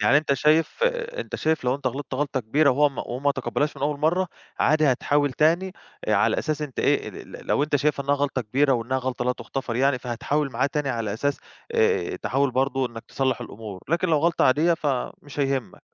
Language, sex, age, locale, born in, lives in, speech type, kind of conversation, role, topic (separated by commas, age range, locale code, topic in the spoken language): Arabic, male, 25-29, ar-EG, Egypt, Greece, conversation, podcast, host, ازاي تعتذر بطريقة تبيّن إنك صادق؟